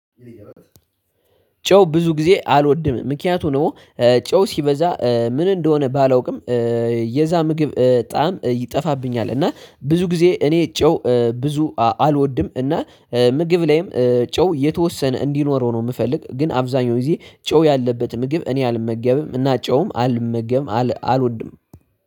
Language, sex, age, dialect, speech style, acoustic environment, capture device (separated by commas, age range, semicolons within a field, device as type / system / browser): Amharic, male, 18-24, Ethiopia - Addis Ababa, tired, nature, desktop / Linux / Chrome